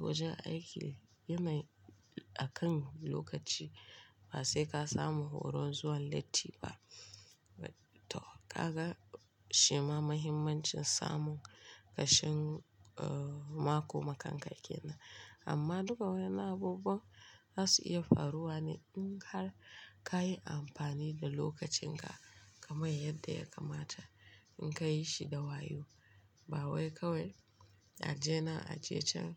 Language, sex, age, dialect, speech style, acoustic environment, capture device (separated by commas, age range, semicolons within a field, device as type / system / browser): Hausa, female, 25-34, Nigeria - Kano, focused, appliances, mobile / Linux / Chrome